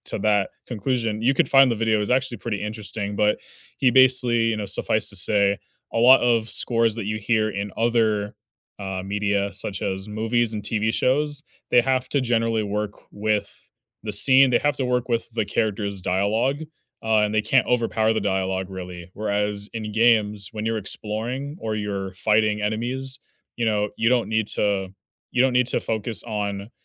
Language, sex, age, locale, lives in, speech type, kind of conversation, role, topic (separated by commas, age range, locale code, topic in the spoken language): English, male, 20-24, en-US, United States, conversation, unstructured, none, What habit are you proud of sticking with, and what helped you keep it going?